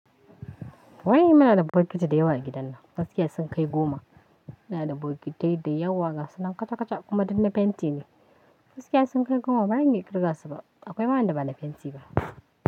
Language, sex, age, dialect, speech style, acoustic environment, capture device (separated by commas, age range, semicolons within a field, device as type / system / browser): Hausa, female, 25-34, Nigeria - Kano, happy, nature, mobile / Linux / Chrome